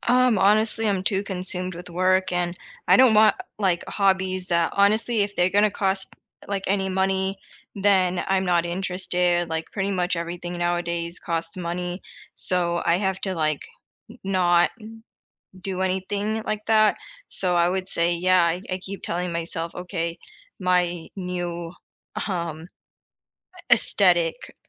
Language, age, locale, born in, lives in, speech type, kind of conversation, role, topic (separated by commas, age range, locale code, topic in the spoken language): English, 30-34, en-US, United States, United States, conversation, unstructured, none, What hobby would you love to pick up this year, and how could we explore it together?